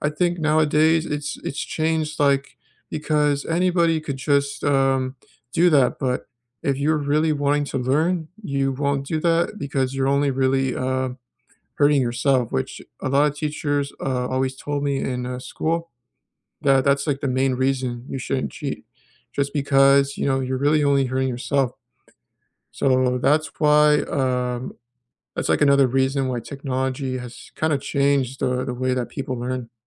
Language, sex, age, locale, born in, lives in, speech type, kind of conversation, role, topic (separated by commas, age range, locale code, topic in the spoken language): English, male, 25-29, en-US, United States, United States, conversation, unstructured, none, How has technology shaped your learning, from your first online lesson to connecting with classmates and mentors?